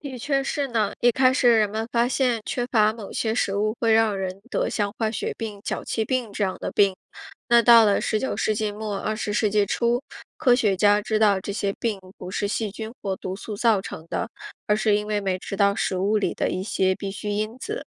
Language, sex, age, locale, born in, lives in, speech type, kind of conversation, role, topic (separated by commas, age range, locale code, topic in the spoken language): Chinese, female, 35-39, zh-CN, China, United States, read, expert-assistant, expert, 人类是如何发现维生素的？